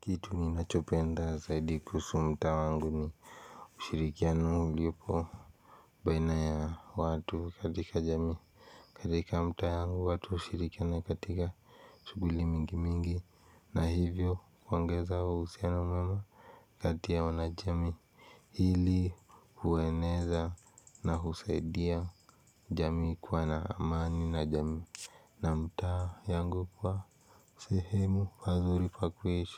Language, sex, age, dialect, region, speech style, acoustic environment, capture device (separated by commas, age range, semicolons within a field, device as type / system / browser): Swahili, male, 18-24, Kenya - Nairobi Sheng-influenced, Kenya, relaxed; focused, silence, mobile / Linux / Chrome